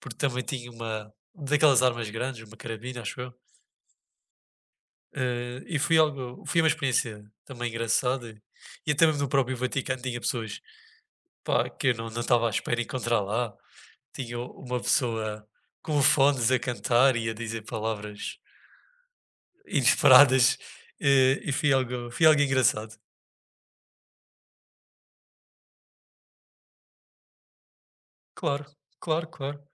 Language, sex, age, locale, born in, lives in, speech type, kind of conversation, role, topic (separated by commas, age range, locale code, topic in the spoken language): Portuguese, male, 20-24, pt-PT, Portugal, Portugal, conversation, unstructured, none, Você já viveu alguma aventura inesperada durante uma viagem?